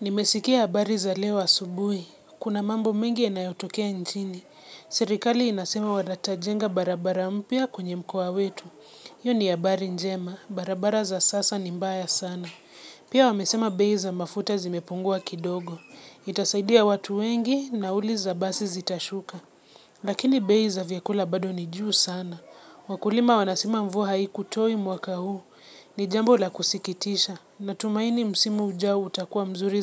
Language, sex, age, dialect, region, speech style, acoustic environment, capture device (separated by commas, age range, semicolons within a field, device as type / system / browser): Swahili, female, 25-34, Kenya - Nairobi Sheng-influenced, Kenya, relaxed; focused; excited, nature; silence; people talking, mobile / Linux / Chrome